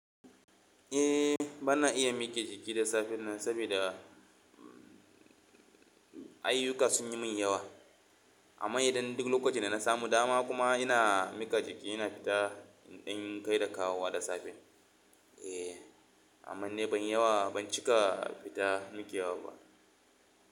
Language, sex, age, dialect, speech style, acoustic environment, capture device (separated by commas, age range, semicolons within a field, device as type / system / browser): Hausa, male, 25-34, Nigeria - Kano, relaxed, silence, mobile / Linux / Chrome